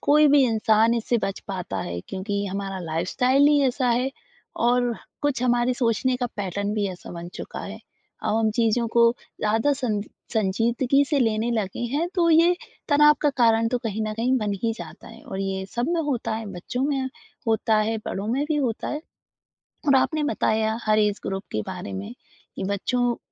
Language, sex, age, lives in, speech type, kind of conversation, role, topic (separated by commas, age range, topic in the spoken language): Hindi, female, 20-24, India, conversation, podcast, host, Stressful moments mein tum quickly apne aap ko grounded kaise karte ho?